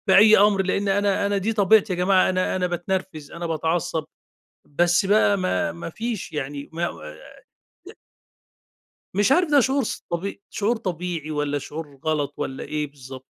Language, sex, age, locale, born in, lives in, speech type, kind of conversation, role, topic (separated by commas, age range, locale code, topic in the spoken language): Arabic, male, 50-54, ar-EG, Egypt, Egypt, conversation, advice, user, إزاي أتعامل مع غضبي السريع والاندفاعي بعد نقاش بسيط؟